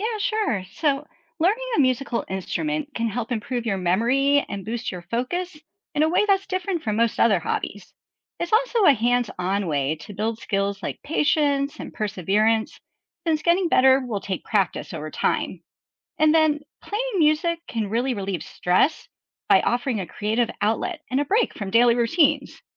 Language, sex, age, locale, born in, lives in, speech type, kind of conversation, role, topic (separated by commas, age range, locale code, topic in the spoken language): English, female, 45-49, en-US, United States, United States, read, expert-assistant, expert, What are the benefits of learning to play a musical instrument?